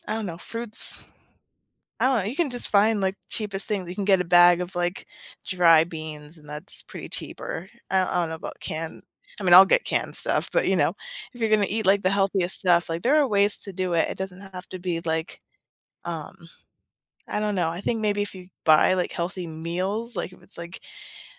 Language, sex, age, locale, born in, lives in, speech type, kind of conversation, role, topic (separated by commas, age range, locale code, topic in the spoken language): English, female, 30-34, en-US, United States, United States, conversation, podcast, guest, What are some practical ways to build healthier eating habits without making drastic changes?